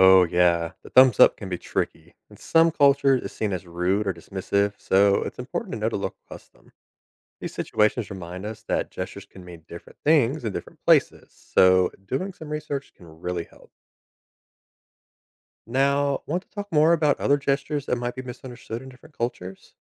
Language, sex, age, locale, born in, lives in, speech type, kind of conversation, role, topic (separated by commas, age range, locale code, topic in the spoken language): English, male, 30-34, en-US, United States, United States, read, expert-assistant, expert, How does body language influence communication?